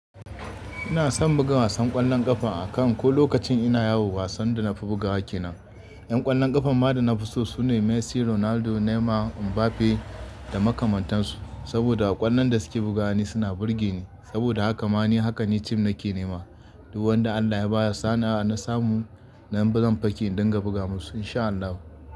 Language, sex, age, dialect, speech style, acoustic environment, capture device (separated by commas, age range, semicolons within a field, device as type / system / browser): Hausa, male, 25-34, Nigeria - Kano, happy, nature, desktop / Linux / Chrome